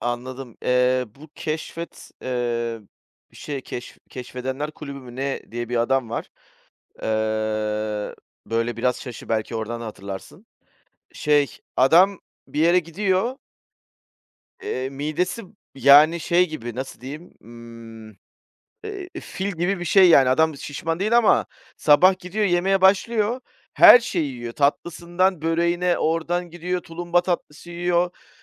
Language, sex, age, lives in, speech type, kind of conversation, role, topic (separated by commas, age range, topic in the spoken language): Turkish, male, 40-44, Greece, conversation, podcast, guest, Sokak yemeklerini keşfetmeyi en çok nasıl seversin?